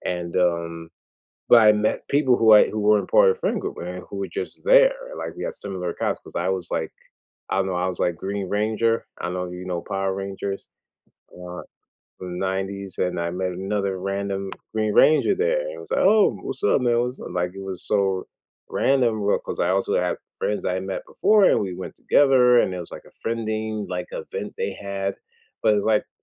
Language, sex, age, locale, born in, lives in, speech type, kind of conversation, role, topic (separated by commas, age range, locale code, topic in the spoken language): English, male, 40-44, en-US, United States, United States, conversation, unstructured, none, What are some low-pressure ways to meet new people as an adult and feel genuinely comfortable?